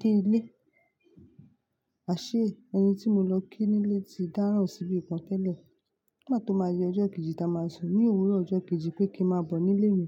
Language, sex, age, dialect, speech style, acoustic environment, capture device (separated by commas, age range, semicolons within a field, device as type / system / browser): Yoruba, female, 25-34, Nigeria - Lagos Yoruba, focused, nature, mobile / Linux / Chrome